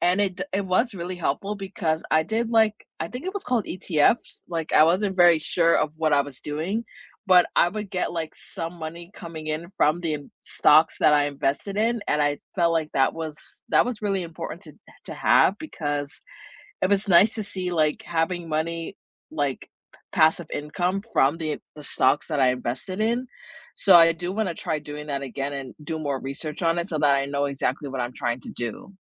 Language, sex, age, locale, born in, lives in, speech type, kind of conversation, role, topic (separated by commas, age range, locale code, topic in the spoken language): English, female, 25-29, en-US, United States, United States, conversation, unstructured, none, What money goal are you proud of achieving?